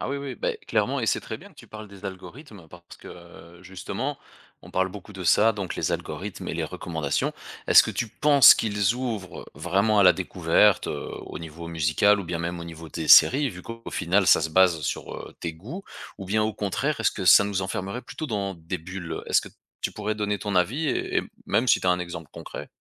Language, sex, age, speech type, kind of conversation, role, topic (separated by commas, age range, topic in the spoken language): French, male, 35-39, conversation, podcast, host, Quel impact le streaming a-t-il sur nos habitudes culturelles ?